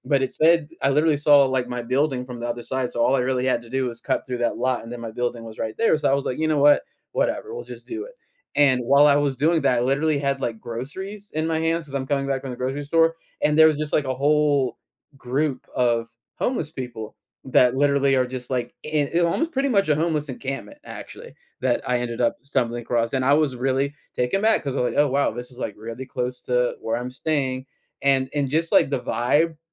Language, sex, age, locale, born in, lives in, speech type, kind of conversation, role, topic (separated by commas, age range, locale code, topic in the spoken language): English, male, 30-34, en-US, United States, United States, conversation, advice, user, How can I celebrate and build confidence from a personal achievement?